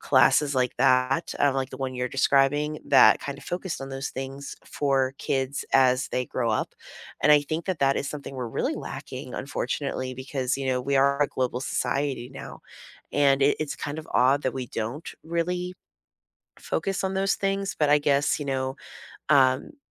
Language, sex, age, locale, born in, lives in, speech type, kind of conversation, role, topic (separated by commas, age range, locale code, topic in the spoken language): English, female, 35-39, en-US, United States, United States, conversation, unstructured, none, What class do you wish had existed when you were younger?